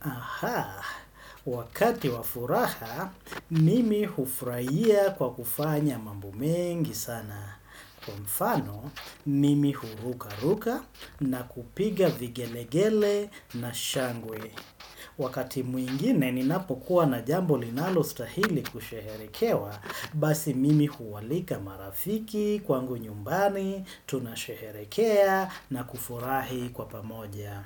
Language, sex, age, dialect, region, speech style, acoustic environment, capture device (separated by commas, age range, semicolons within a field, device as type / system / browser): Swahili, male, 35-44, Kenya - Mombasa, Kenya, excited, nature, desktop / Windows / Chrome